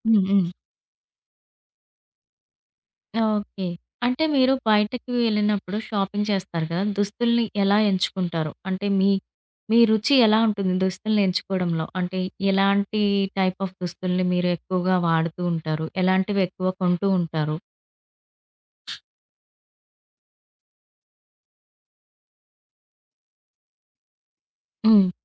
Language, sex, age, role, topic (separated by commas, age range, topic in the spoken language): Telugu, female, 30-34, host, మీ దుస్తులు లేదా ఆభరణాలు మీ గురించి ఏదైనా కథ చెబుతున్నాయా?